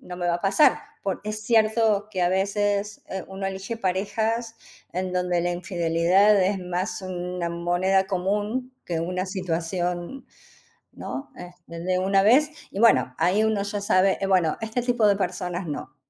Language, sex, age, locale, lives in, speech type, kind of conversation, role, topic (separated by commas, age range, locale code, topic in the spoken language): Spanish, female, 55-59, es-AR, Netherlands, conversation, unstructured, none, ¿Se puede perdonar una infidelidad?